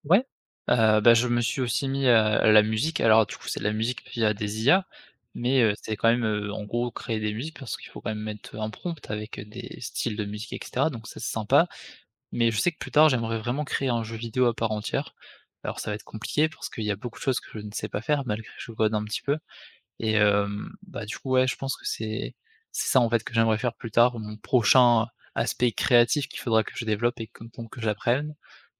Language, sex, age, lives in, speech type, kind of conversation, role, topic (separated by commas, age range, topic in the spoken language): French, male, 20-24, France, conversation, podcast, guest, Quel est ton premier souvenir créatif ?